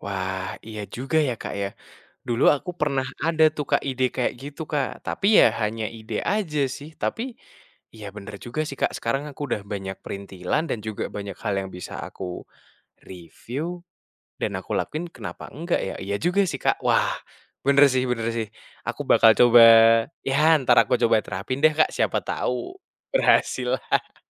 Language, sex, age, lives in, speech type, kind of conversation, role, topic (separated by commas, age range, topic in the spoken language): Indonesian, male, 20-24, Indonesia, conversation, advice, user, Mengapa saya sering belanja impulsif dan menyesal setiap bulan?